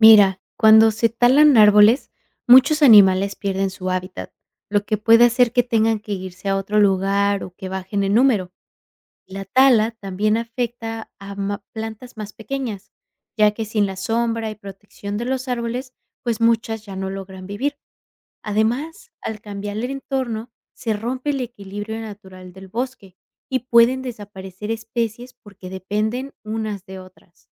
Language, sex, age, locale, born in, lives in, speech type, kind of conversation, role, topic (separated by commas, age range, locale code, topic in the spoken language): Spanish, female, 25-29, es-MX, Mexico, United States, read, expert-assistant, expert, Describe cómo la tala de árboles afecta la biodiversidad de un bosque.